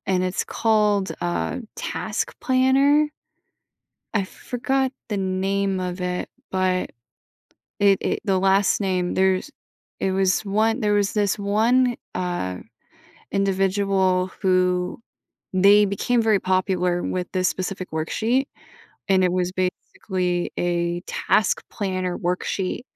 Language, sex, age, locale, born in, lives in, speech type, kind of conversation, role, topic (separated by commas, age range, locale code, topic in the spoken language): English, female, 25-29, en-US, United States, United States, conversation, advice, advisor, How can I balance parenting responsibilities while making time for myself?